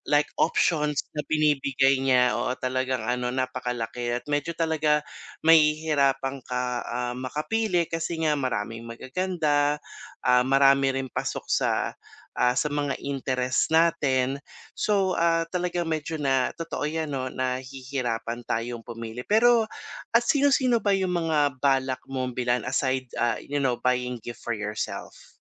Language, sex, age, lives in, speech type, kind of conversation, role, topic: Filipino, male, 45-49, Philippines, conversation, advice, advisor, Paano ako makakapili ng tamang bibilhin kapag napakaraming pagpipilian sa pamimili sa internet?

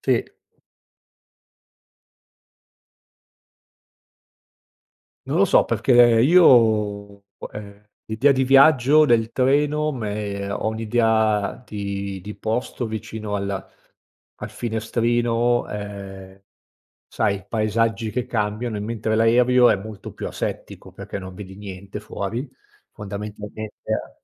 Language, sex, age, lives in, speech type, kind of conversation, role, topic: Italian, male, 50-54, Italy, conversation, podcast, guest, Come fai a farti amici quando viaggi in solitaria?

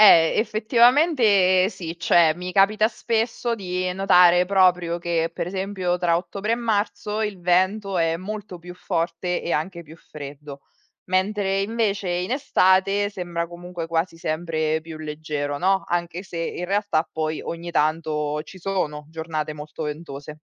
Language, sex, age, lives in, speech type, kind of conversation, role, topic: Italian, female, 35-39, Italy, read, expert-assistant, asker, Cosa rende il vento così forte in alcune regioni?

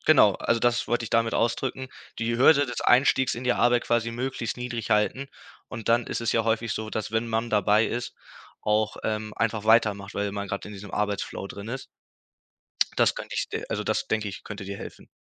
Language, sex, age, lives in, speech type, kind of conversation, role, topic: German, male, 18-19, Germany, conversation, advice, advisor, Warum prokrastiniere ich ständig, obwohl ich klare Ziele habe?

